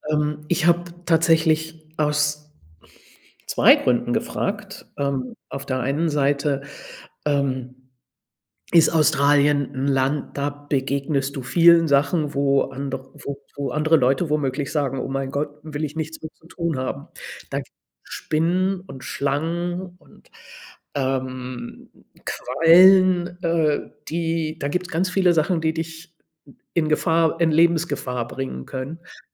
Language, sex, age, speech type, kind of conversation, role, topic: German, female, 60-64, conversation, advice, advisor, Wie erlebst du deinen Umzug in eine neue Stadt und deinen Neubeginn?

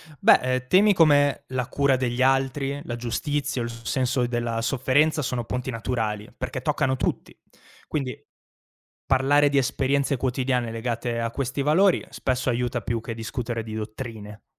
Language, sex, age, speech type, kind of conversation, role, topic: Italian, male, 25-29, read, expert-assistant, expert, Il dialogo tra religioni diverse può aiutarci a comprenderci meglio?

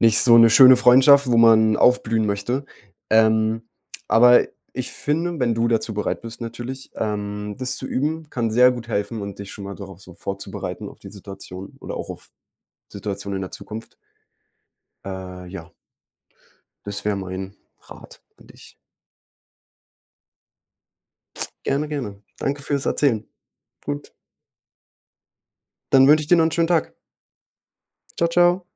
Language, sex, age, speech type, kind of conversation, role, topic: German, male, 20-24, conversation, advice, advisor, Wie kann ich damit umgehen, dass mich die direkte Rückmeldung meines Freundes verletzt?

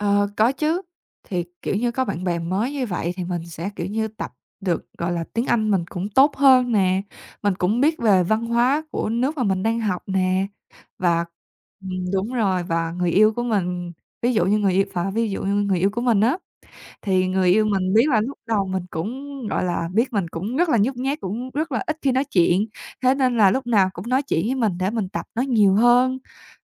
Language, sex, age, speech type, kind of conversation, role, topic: Vietnamese, female, 20-24, conversation, podcast, guest, Bạn có thể kể về một lần thay đổi lớn trong cuộc đời mình không?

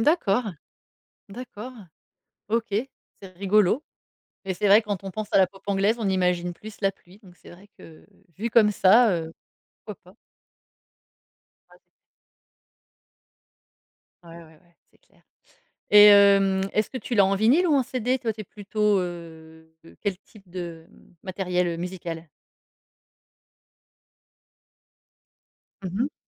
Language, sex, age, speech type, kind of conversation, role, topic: French, female, 40-44, conversation, podcast, host, Quel album emporterais-tu sur une île déserte ?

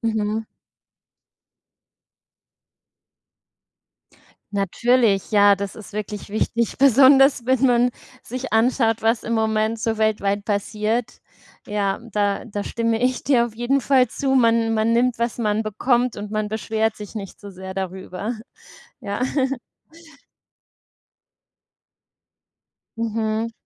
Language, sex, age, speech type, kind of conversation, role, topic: German, female, 35-39, conversation, unstructured, none, Was bevorzugst du: Stadtleben oder Landleben?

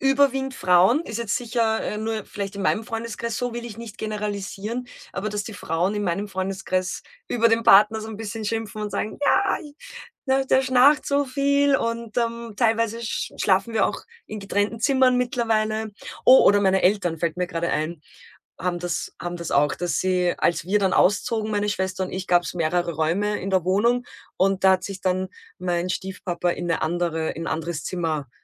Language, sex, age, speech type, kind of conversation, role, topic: German, female, 35-39, conversation, podcast, host, Wie kannst du deine Schlafumgebung optimal einstellen?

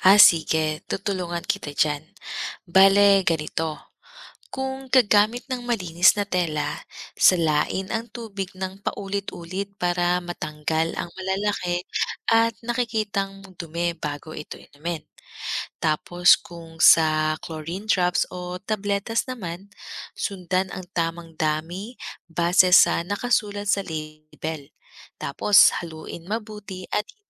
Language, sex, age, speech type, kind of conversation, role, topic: Filipino, female, 30-34, read, expert-assistant, expert, Bakit mahalaga ang pag-inom ng malinis na tubig sa kalusugan?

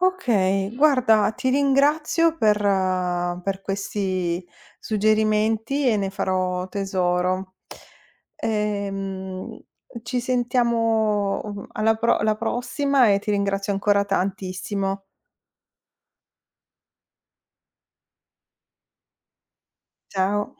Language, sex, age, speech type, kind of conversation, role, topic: Italian, female, 45-49, read, expert-assistant, asker, Quali strategie posso adottare per dormire meglio e sentirmi più energico durante il giorno?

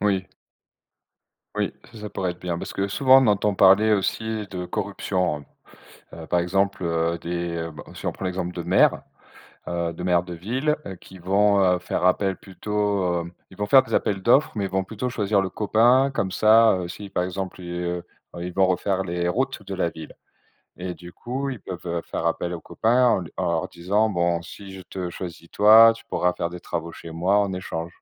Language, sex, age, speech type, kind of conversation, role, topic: French, male, 40-44, conversation, unstructured, none, Faut-il limiter le pouvoir des grandes entreprises en politique ?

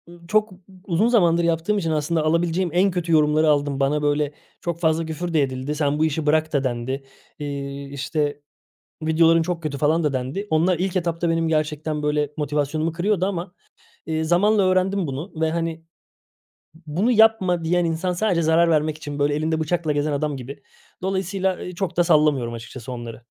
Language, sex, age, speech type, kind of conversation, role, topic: Turkish, male, 30-34, conversation, podcast, guest, Başkalarının beklentileri yaratıcı kararlarını nasıl etkiler?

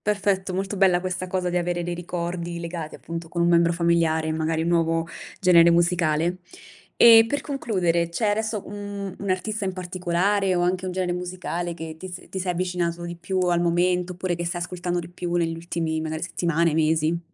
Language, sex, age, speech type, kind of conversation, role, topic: Italian, female, 30-34, conversation, podcast, host, Qual è la canzone che ti tocca sempre il cuore?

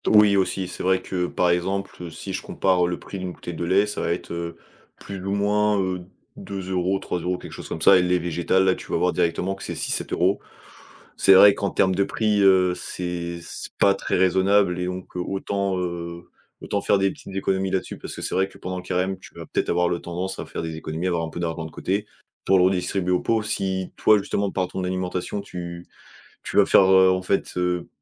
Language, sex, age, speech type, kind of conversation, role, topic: French, male, 20-24, conversation, podcast, guest, Comment fais-tu pour gérer les différents régimes alimentaires ?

